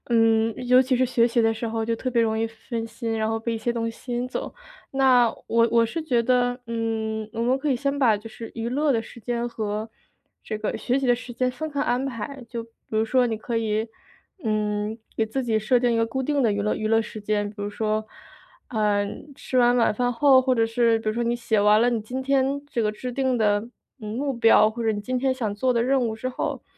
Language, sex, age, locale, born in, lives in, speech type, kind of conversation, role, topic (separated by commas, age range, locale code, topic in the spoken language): Chinese, female, 25-29, zh-CN, China, United States, conversation, advice, advisor, 我怎样才能减少娱乐对时间和注意力的干扰？